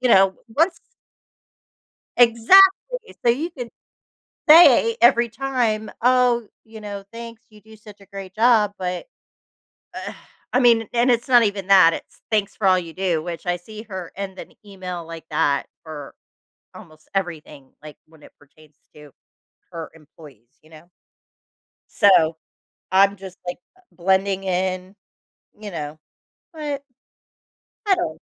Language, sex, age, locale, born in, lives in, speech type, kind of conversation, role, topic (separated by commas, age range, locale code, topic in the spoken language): English, female, 45-49, en-US, United States, United States, conversation, advice, user, How can I stop feeling overlooked?